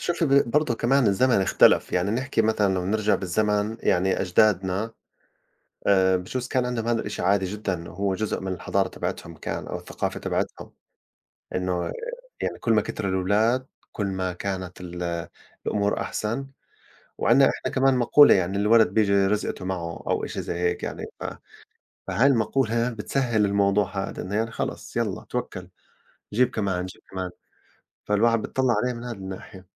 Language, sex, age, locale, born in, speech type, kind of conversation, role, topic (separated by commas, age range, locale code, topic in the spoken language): Arabic, male, 40-44, ar-JO, Saudi Arabia, conversation, unstructured, none, بتفضل العيلة الكبيرة ولا العيلة الصغيرة؟ وليه؟